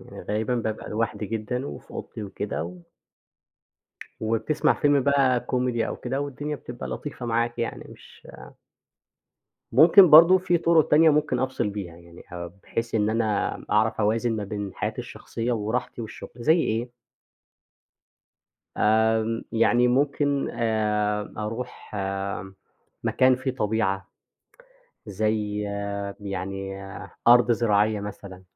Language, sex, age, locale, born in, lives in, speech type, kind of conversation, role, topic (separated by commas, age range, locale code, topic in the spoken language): Arabic, male, 25-29, ar-EG, Egypt, Egypt, conversation, podcast, guest, إزاي بتفصل بين الشغل وحياتك الشخصية وإنت بتشتغل من البيت؟